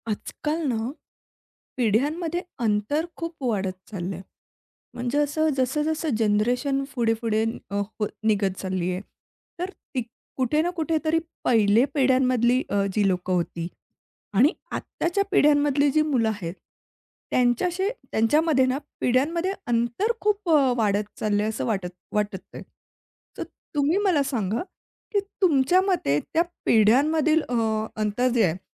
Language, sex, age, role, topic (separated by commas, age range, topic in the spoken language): Marathi, female, 30-34, host, तुझ्या मते पिढ्यांमधील अंतर कमी करण्यासाठी कोणती सोपी पावले उचलता येतील?